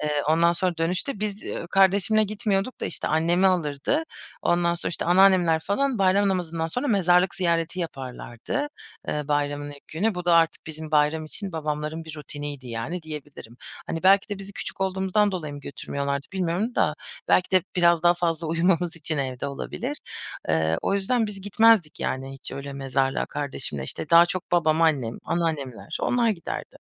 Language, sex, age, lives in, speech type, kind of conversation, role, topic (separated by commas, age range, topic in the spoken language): Turkish, female, 30-34, Germany, conversation, podcast, guest, Bayramlarda evinizde neler yaparsınız, anlatır mısınız?